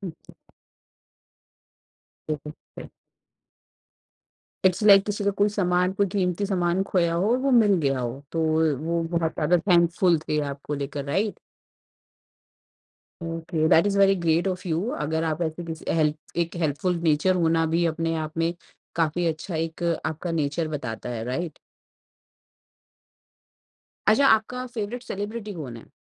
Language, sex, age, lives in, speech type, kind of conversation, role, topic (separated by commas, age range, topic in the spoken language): Hindi, female, 30-34, India, conversation, podcast, host, Aapka conversation shuru karne ka sabse pasandida tareeka kya hota hai?